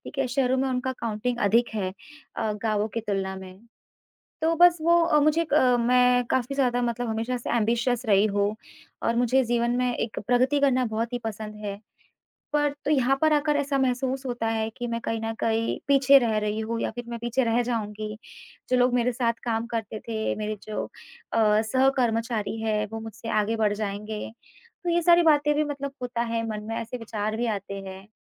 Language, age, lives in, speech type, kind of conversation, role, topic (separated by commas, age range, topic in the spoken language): Hindi, 25-29, India, conversation, advice, user, आप बुजुर्ग माता-पिता की देखभाल के लिए अपने जीवन में बदलाव करने का निर्णय कैसे लें?